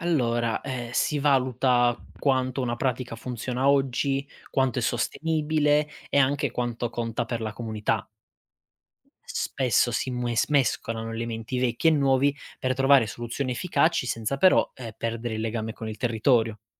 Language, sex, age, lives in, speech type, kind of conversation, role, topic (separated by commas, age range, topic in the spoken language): Italian, male, 25-29, Italy, read, expert-assistant, expert, Perché in alcuni paesi comprare cibo locale è visto come fondamentale, mentre in altri si preferisce il prodotto importato?